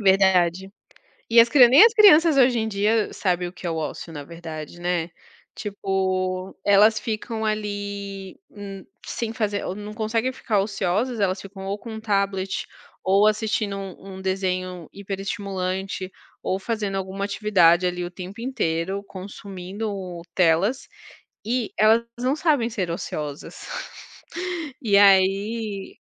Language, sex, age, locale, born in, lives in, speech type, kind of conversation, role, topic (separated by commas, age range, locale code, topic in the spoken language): Portuguese, female, 30-34, pt-BR, Brazil, France, conversation, unstructured, none, Você prefere atividades ao ar livre ou dentro de casa?